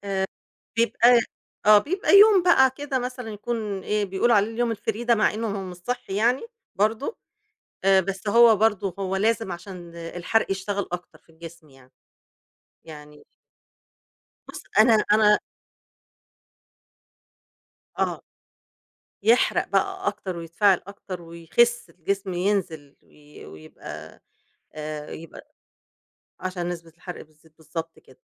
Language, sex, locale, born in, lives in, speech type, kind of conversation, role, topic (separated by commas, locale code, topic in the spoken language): Arabic, female, ar-EG, Egypt, Egypt, conversation, podcast, guest, إزاي تختار سناك صحي؟